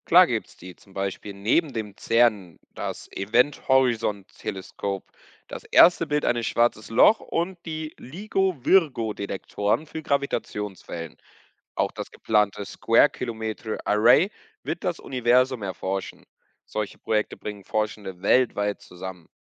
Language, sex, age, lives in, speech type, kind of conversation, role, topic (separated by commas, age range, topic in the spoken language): German, male, 20-24, Portugal, read, expert-assistant, expert, Wie kann ich bei all den Theorien zur Entstehung des Weltalls den roten Faden behalten?